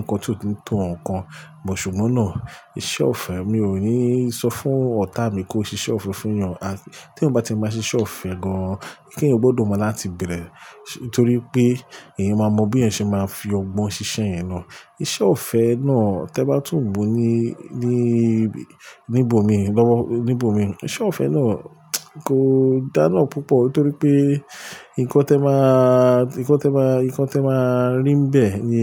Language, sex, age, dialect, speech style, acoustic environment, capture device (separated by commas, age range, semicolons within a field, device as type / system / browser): Yoruba, male, 35-44, Nigeria - Lagos Yoruba, focused; excited, appliances, mobile / Linux / Chrome